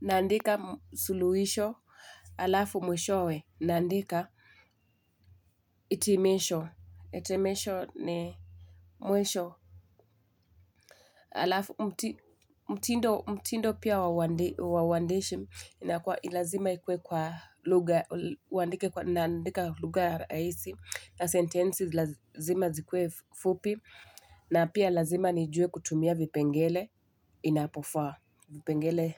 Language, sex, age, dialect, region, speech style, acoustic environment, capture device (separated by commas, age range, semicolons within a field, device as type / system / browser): Swahili, female, 25-34, Kenya - Mombasa, Kenya, happy, silence, mobile / Linux / Chrome